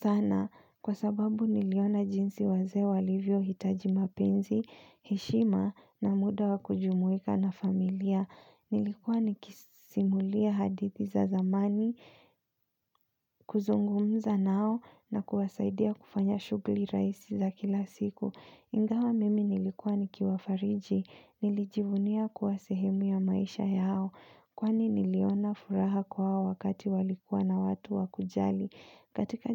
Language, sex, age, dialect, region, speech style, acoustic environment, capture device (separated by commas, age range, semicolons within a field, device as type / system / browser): Swahili, female, 25-34, Kenya - Nairobi Sheng-influenced, Kenya, focused, appliances, mobile / Linux / Chrome